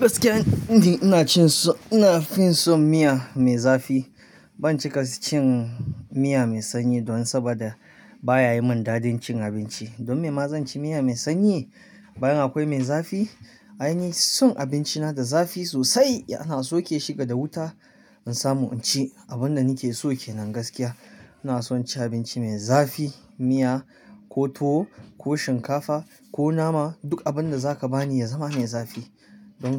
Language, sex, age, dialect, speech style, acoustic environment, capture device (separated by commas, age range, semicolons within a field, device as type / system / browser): Hausa, male, 25-34, Nigeria - Kano, relaxed; happy, silence, mobile / Linux / Chrome